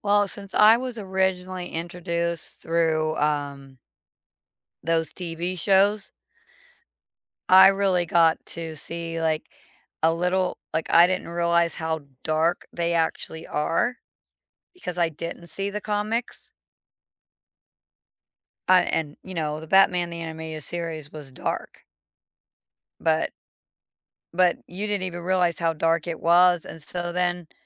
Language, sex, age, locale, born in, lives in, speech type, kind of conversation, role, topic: English, female, 45-49, en-US, United States, United States, conversation, unstructured, none, Which fandom first sparked your love of entertainment, and how has it connected you with others since?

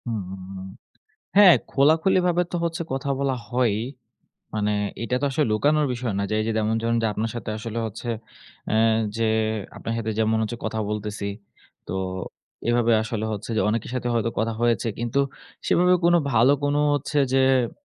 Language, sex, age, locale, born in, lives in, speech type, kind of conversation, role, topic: Bengali, male, 20-24, bn-BD, Bangladesh, Bangladesh, conversation, advice, user, অনুপ্রেরণা হারিয়ে ব্যায়াম করতে যেতে ইচ্ছা না হওয়ার কারণ কী?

